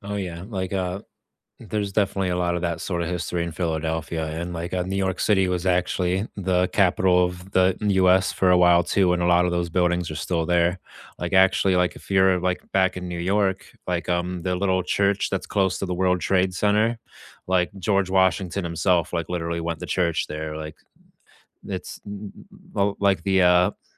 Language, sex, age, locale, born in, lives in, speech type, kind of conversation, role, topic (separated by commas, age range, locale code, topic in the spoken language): English, male, 30-34, en-US, United States, United States, conversation, unstructured, none, Where would you go for a spontaneous day trip, and what makes it your perfect quick escape?